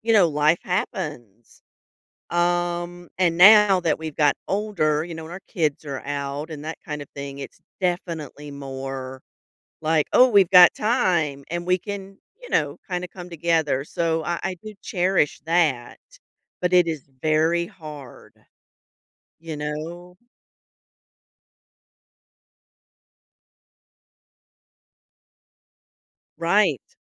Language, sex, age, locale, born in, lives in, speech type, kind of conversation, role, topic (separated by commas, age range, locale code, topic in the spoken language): English, female, 45-49, en-US, United States, United States, conversation, podcast, host, What qualities help friendships last through life's changes?